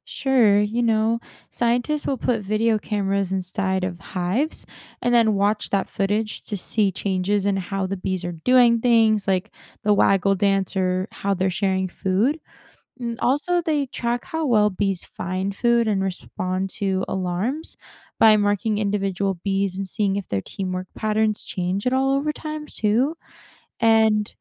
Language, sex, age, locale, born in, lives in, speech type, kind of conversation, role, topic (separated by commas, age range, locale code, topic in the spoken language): English, female, 20-24, en-US, United States, United States, read, expert-assistant, expert, How do honeybees communicate about food sources?